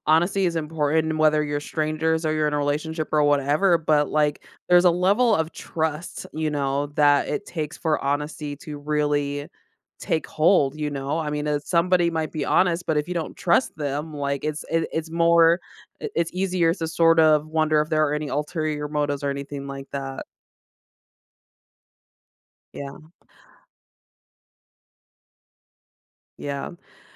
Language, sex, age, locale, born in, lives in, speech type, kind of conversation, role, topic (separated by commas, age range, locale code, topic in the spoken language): English, female, 35-39, en-US, United States, United States, conversation, podcast, host, How do you approach difficult conversations while staying true to your values and showing compassion?